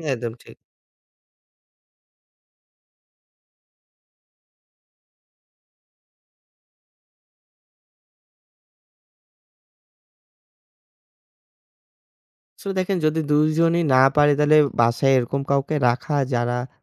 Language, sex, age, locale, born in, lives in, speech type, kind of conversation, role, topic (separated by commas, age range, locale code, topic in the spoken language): Bengali, male, 25-29, bn-BD, Bangladesh, Bangladesh, conversation, podcast, guest, স্ক্রিনে কাটানো সময় নিয়ন্ত্রণ করতে আপনি কী কী পরামর্শ দেবেন?